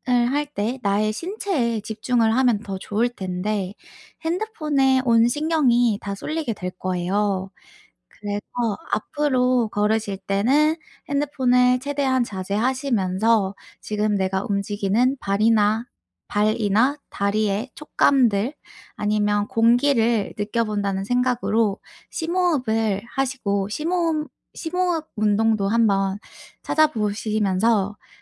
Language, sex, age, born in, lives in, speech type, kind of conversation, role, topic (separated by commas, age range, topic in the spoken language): Korean, female, 25-29, South Korea, United States, conversation, advice, advisor, 일상에서 마음챙김을 간단히 시작하려면 구체적으로 어떻게 하면 좋을까요?